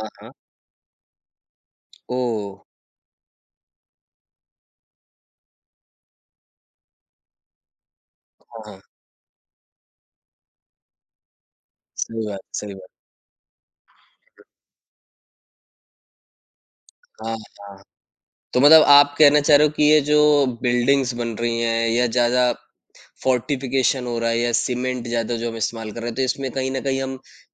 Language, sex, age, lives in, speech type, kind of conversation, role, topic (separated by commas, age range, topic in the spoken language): Hindi, male, 25-29, India, conversation, podcast, host, Rozmarra zindagi mein paani bachane ke kuchh simple tareeke kya hain?